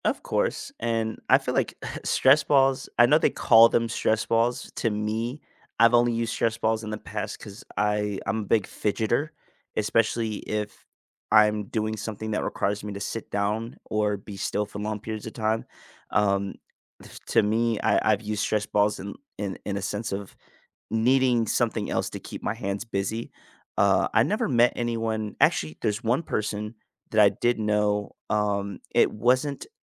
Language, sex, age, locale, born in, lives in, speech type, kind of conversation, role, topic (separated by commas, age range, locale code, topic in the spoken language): English, male, 25-29, en-US, United States, United States, conversation, unstructured, none, What do you think helps people cope with stress best?